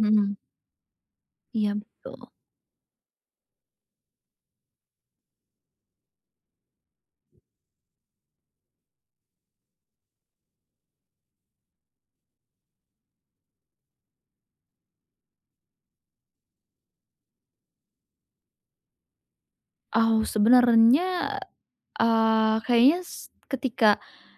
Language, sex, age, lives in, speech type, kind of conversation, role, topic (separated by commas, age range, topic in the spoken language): Indonesian, female, 20-24, Indonesia, conversation, advice, user, Bagaimana cara meningkatkan produktivitas yang menurun akibat manajemen waktu yang buruk dengan teknik fokus dan interval?